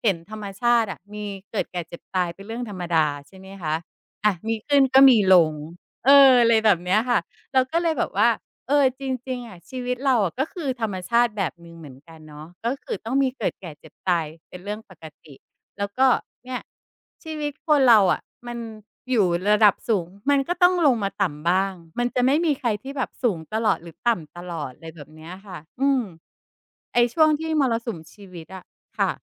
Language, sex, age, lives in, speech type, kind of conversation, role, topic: Thai, female, 45-49, Thailand, conversation, podcast, guest, ธรรมชาติทำให้มุมมองชีวิตคุณเปลี่ยนไปอย่างไร?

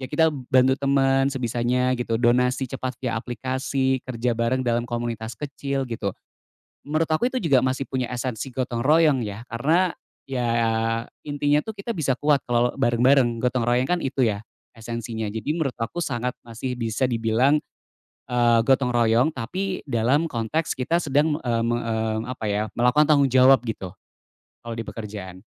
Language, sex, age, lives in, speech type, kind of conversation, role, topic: Indonesian, male, 35-39, Indonesia, conversation, podcast, guest, Menurutmu, apa arti gotong royong di zaman sekarang?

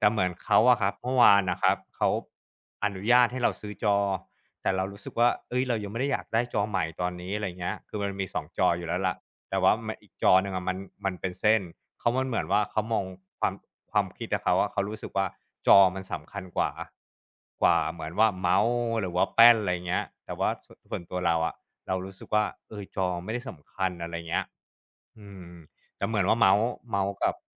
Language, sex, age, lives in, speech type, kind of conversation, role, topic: Thai, male, 35-39, Thailand, conversation, advice, user, คุณจะบอกความต้องการที่แท้จริงกับแฟนโดยไม่กลัวทะเลาะหรือถูกเข้าใจผิดได้อย่างไร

